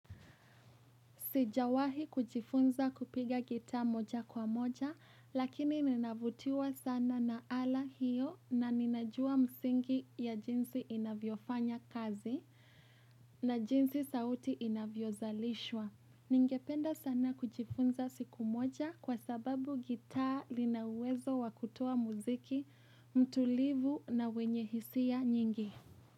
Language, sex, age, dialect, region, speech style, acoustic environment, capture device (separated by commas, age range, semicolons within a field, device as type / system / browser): Swahili, female, 18-24, Kenya - Nairobi Sheng-influenced, Kenya, happy; relaxed, appliances, mobile / Linux / Chrome